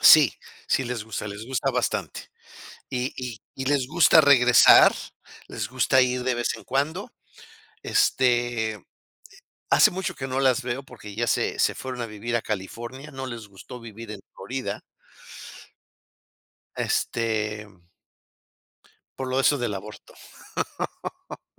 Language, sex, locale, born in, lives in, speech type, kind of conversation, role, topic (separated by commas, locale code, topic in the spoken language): Spanish, male, es-MX, Mexico, United States, conversation, unstructured, none, ¿Cómo te sientes cuando alguien critica tu cultura o tu religión?